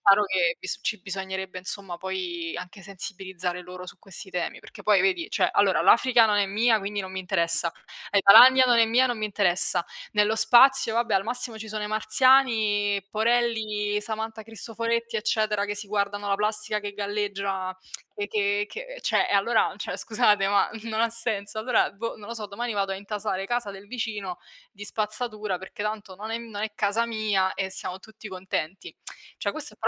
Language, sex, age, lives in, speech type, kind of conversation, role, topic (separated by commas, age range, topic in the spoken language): Italian, female, 20-24, Italy, conversation, unstructured, none, Qual è la scoperta scientifica che ti ha stupito di più?